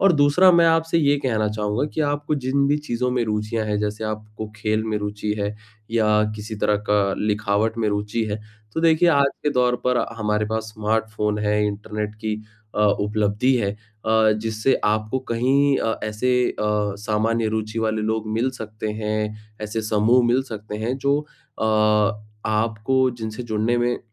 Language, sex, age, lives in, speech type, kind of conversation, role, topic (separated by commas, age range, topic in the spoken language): Hindi, male, 25-29, India, conversation, advice, advisor, नए शहर में घर जैसा महसूस करने के लिए मैं स्थानीय दोस्त और सामाजिक नेटवर्क कैसे बना सकता/सकती हूँ?